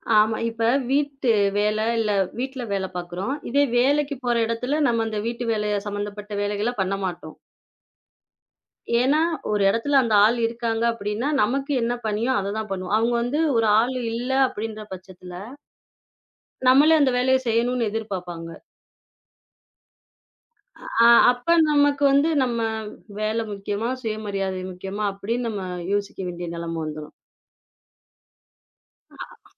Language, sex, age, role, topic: Tamil, female, 25-29, guest, பிறரின் எதிர்பார்ப்புகள் உங்கள் முடிவுகளை எவ்வளவு மாற்றுகின்றன?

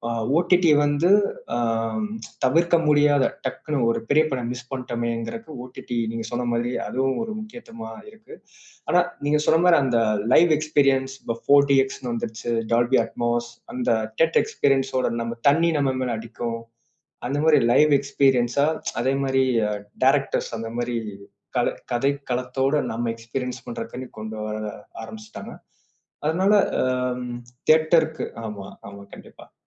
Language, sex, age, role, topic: Tamil, male, 25-29, guest, நீங்கள் வீட்டில் ஒளிபரப்பாக பார்க்கிறீர்களா அல்லது திரையரங்கில் பார்க்கிறீர்களா—எதை ஏன் அதிகம் விரும்புகிறீர்கள்?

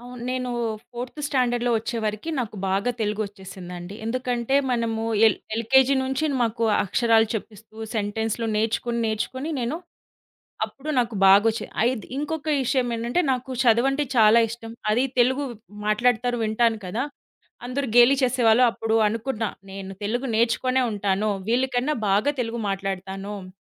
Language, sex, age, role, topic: Telugu, female, 30-34, guest, భాష మారినప్పుడు మీకు ఎలాంటి భావాలు కలిగాయి?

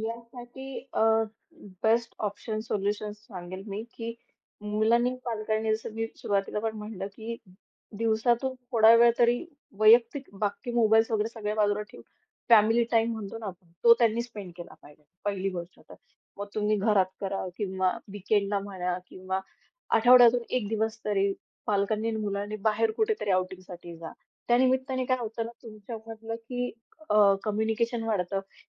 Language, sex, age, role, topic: Marathi, female, 25-29, guest, पालक आणि मुलांमधील संवादाबाबत अपेक्षा कशा असतात?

